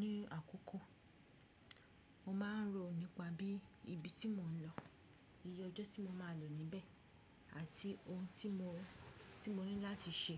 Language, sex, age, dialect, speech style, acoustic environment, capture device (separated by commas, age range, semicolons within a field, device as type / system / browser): Yoruba, female, 25-34, Nigeria - Ibadan, relaxed; focused, silence, desktop / Windows / Chrome